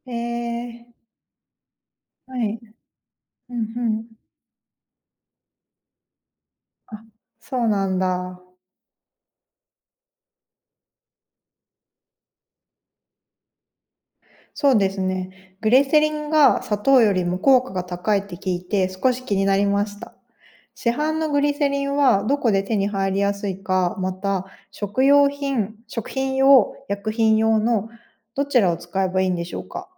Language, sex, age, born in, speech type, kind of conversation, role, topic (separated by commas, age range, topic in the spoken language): Japanese, female, 35-39, Japan, read, expert-assistant, asker, どうしてシャボン玉は丸い形になるのですか？